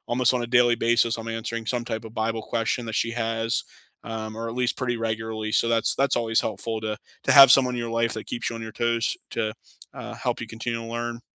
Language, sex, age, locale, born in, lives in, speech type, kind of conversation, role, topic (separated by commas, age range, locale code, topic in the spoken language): English, male, 30-34, en-US, United States, United States, conversation, unstructured, none, How do you fit learning new skills into a busy week?